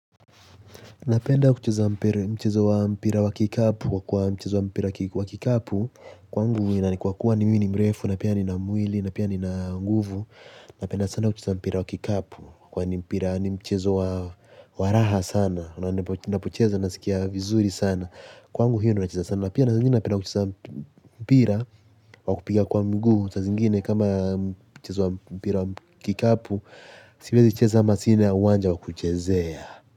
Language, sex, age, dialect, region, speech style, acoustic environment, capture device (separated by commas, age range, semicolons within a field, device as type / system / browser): Swahili, male, 18-24, Kenya - Mombasa, Kenya, relaxed, silence, mobile / Linux / Chrome